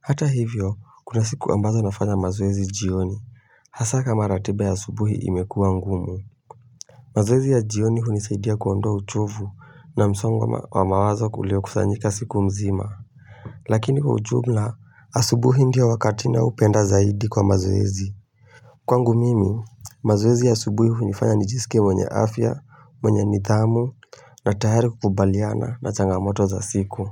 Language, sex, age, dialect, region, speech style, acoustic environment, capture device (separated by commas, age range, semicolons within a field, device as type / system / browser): Swahili, male, 18-24, Kenya - Mombasa, Kenya, excited, silence, mobile / Linux / Chrome